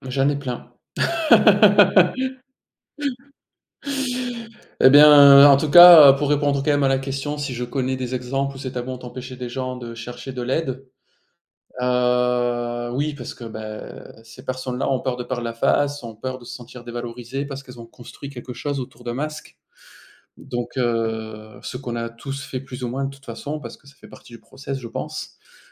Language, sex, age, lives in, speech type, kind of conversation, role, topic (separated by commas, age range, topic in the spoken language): French, male, 40-44, France, conversation, unstructured, none, Pourquoi la santé mentale est-elle encore entourée de tant de tabous aujourd’hui ?